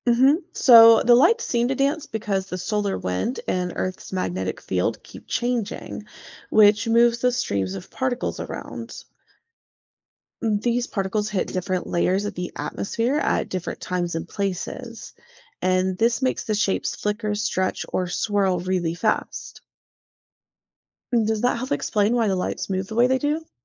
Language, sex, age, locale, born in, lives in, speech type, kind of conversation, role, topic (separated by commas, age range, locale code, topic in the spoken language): English, female, 30-34, en-US, United States, United States, read, expert-assistant, expert, What causes the Northern Lights?